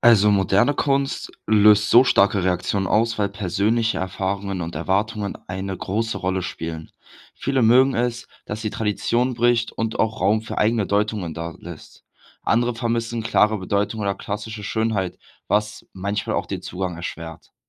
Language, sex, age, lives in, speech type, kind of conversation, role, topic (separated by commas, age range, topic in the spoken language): German, male, 18-19, Germany, read, expert-assistant, expert, Warum finden manche Menschen moderne Kunst faszinierend, während andere sie ablehnen? Welche Rolle spielen dabei Kultur und Erziehung?